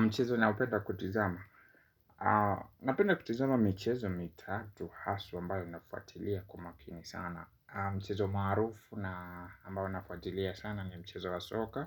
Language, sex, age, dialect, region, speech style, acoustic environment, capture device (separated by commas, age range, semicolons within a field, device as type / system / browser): Swahili, male, 25-34, Kenya - Nairobi Sheng-influenced, Kenya, happy; relaxed; focused; excited, silence, mobile / Linux / Chrome